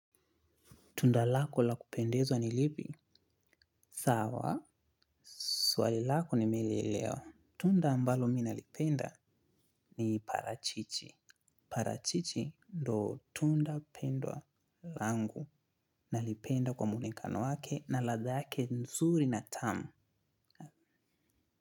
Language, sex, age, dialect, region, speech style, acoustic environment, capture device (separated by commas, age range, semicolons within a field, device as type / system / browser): Swahili, male, 25-34, Kenya - Nairobi Sheng-influenced, Kenya, focused, silence; appliances, mobile / Linux / Chrome